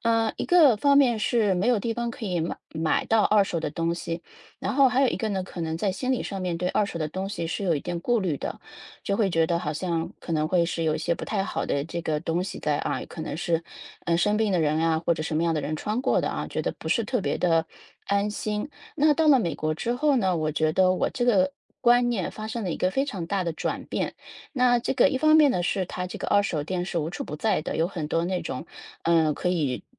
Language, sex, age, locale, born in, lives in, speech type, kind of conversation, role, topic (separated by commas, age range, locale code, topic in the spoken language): Chinese, female, 35-39, zh-CN, China, United States, conversation, podcast, guest, 你对二手衣物和可持续穿搭有什么看法？